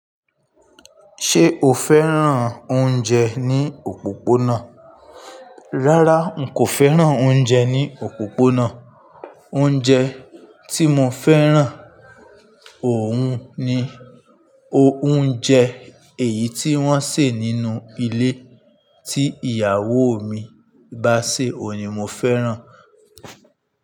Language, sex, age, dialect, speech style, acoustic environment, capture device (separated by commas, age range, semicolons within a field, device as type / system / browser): Yoruba, male, 25-34, Nigeria - Ibadan, focused; stressed; tired; happy, nature; people talking; traffic; appliances, mobile / Linux / Chrome